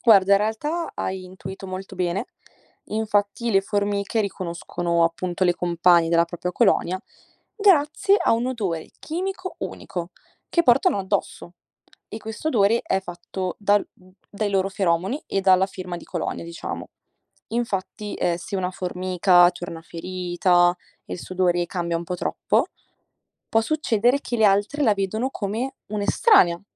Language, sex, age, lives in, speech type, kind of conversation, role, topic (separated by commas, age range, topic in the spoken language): Italian, female, 18-19, Italy, read, expert-assistant, expert, Come fanno le formiche a comunicare tra loro?